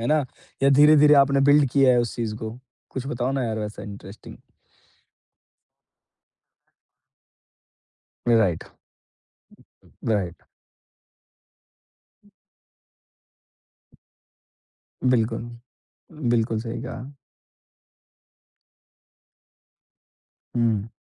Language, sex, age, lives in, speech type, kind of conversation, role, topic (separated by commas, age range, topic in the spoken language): Hindi, male, 35-39, India, conversation, podcast, host, Setbacks aane par tum motivate kaise rehte ho aur aage kaise badhte rehte ho?